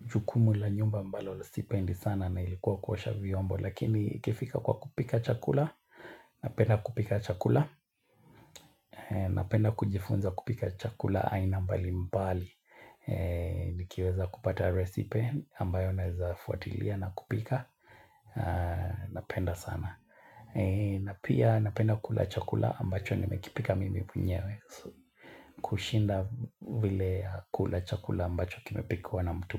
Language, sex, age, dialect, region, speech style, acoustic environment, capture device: Swahili, male, 25-34, Kenya - Mombasa, Kenya, tired, nature, mobile / Linux / Chrome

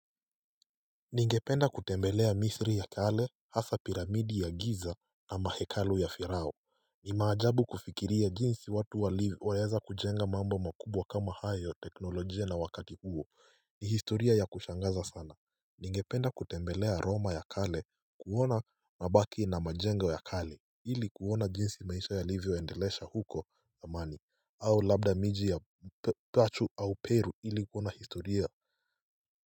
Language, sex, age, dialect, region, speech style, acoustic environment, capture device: Swahili, male, 18-24, Kenya - Mombasa, Kenya, happy; relaxed, silence, mobile / Linux / Chrome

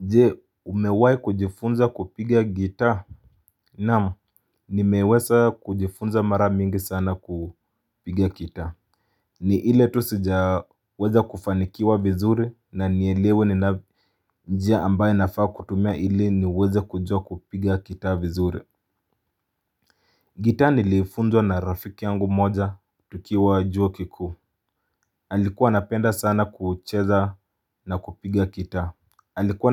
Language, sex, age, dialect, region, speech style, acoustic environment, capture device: Swahili, male, 25-34, Kenya - Mombasa, Kenya, relaxed, nature, mobile / Linux / Chrome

